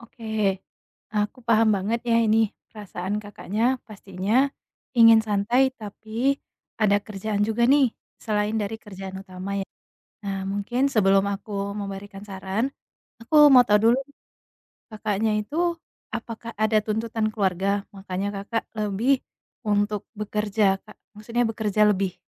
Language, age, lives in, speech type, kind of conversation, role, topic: Indonesian, 25-29, Indonesia, conversation, advice, advisor, Bagaimana cara menikmati waktu luang di rumah tanpa merasa gelisah?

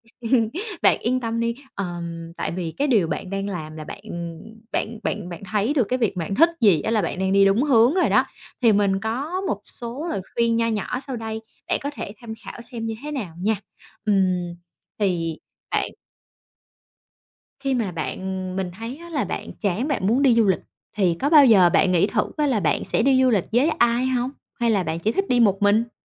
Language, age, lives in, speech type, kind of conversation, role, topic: Vietnamese, 30-34, Vietnam, conversation, advice, advisor, Bạn cảm thấy thế nào khi cuộc sống cứ lặp đi lặp lại và bạn dần mất đi nhiệt huyết?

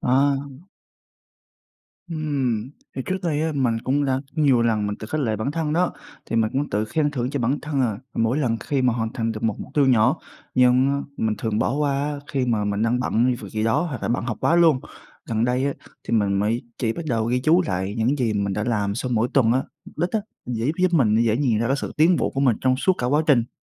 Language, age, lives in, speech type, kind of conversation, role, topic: Vietnamese, 20-24, Vietnam, read, expert-assistant, asker, Các bước tự đánh giá bản thân sau mỗi kỳ học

